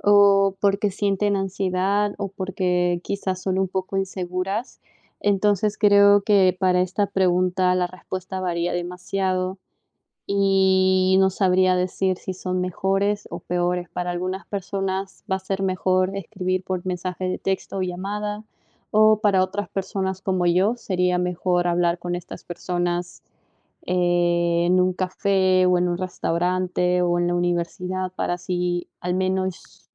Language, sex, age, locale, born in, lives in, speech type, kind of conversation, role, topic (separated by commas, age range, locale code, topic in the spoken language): Spanish, female, 20-24, es-PE, Peru, United States, conversation, unstructured, none, ¿Cómo te imaginas el mundo sin tecnología moderna?